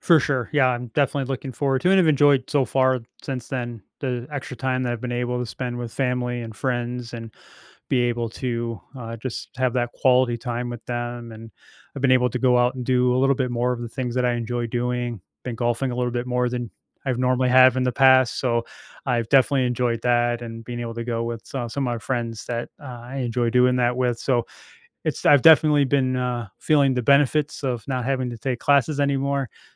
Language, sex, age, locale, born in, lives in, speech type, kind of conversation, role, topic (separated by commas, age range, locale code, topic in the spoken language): English, male, 45-49, en-US, United States, United States, conversation, advice, user, How can I get promoted at work?